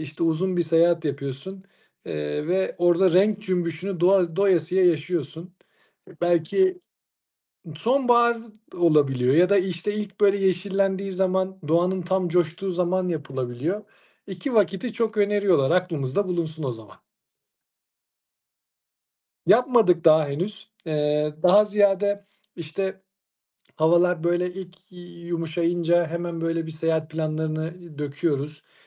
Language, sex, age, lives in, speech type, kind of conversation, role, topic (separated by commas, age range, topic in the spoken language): Turkish, male, 35-39, Austria, conversation, unstructured, none, Doğada en çok hangi mevsimi seviyorsun?